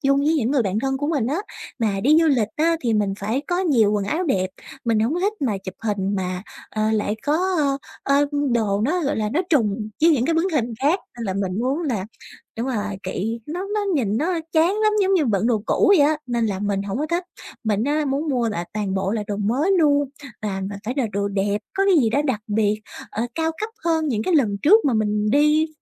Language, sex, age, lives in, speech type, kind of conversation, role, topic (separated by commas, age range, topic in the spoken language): Vietnamese, female, 20-24, Vietnam, conversation, advice, user, Làm sao để mua quần áo đẹp mà không vượt ngân sách?